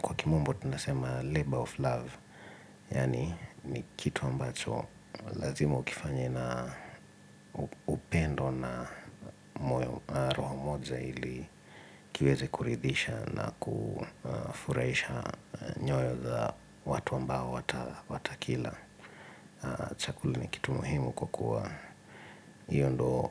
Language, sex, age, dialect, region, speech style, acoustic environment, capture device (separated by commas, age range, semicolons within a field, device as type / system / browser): Swahili, male, 25-34, Kenya - Nairobi Sheng-influenced, Kenya, relaxed, silence, mobile / Linux / Edge